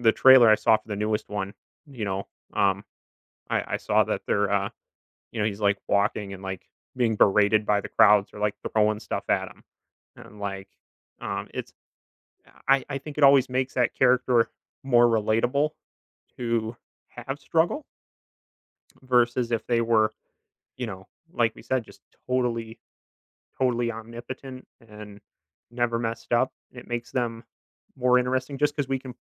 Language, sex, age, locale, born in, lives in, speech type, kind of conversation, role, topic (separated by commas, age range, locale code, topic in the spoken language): English, male, 25-29, en-US, United States, United States, conversation, podcast, guest, Why do we connect with certain characters in stories more than others?